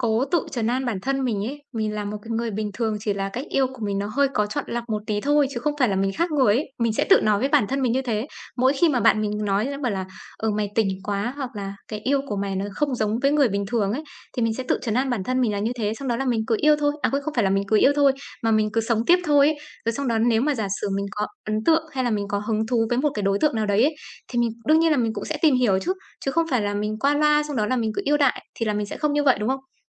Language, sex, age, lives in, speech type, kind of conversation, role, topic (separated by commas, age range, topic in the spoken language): Vietnamese, female, 25-29, Vietnam, conversation, advice, user, Làm sao để nhận biết suy nghĩ của mình và buông bỏ chúng?